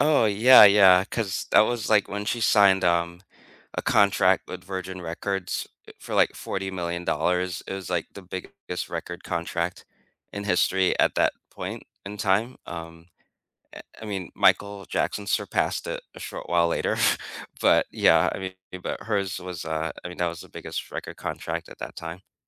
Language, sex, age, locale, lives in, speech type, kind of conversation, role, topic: English, male, 45-49, en-US, United States, conversation, unstructured, none, What do you remember about the first concert you ever attended?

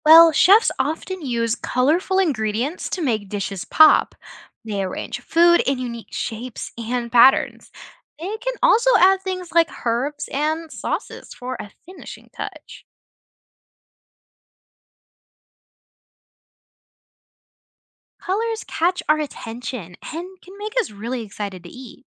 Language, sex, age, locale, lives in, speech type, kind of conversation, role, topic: English, other, 20-24, en-US, United States, read, expert-assistant, expert, What techniques do chefs use to make food visually appealing?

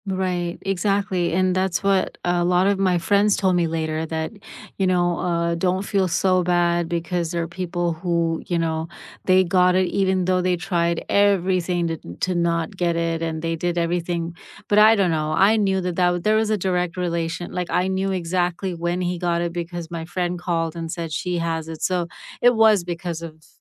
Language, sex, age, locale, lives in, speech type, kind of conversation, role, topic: English, female, 50-54, en-US, United States, conversation, unstructured, none, Which world events have felt like turning points in your life, and why?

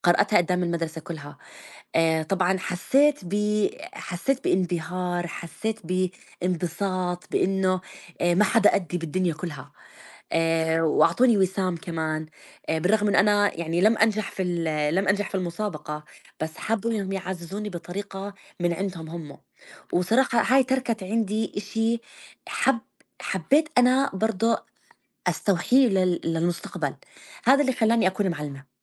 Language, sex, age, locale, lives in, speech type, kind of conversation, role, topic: Arabic, female, 35-39, ar-JO, United States, conversation, unstructured, none, إيه دور القراية في إنها تطوّر مهارات الكتابة عند الطلبة؟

